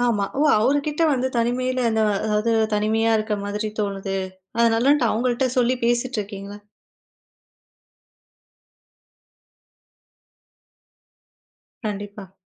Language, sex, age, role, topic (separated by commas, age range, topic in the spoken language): Tamil, female, 20-24, host, குடும்பத்திலேயே தனிமையாக உணர்ந்தால் அதை நீங்கள் எப்படி சமாளிப்பீர்கள்?